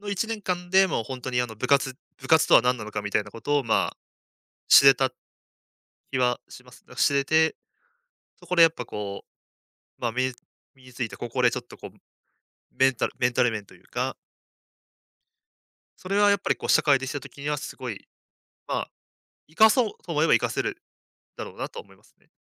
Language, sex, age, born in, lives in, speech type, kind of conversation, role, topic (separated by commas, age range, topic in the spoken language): Japanese, male, 20-24, Japan, Japan, conversation, podcast, guest, 部活や習い事を通じて学んだことは何ですか？